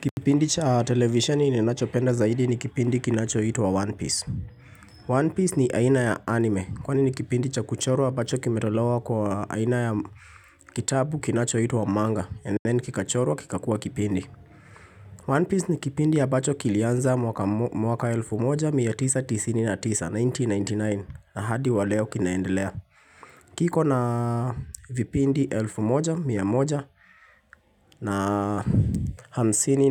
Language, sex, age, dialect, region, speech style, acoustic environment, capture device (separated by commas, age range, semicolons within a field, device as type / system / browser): Swahili, male, 25-34, Kenya - Nairobi Sheng-influenced, Kenya, happy, silence, mobile / Linux / Chrome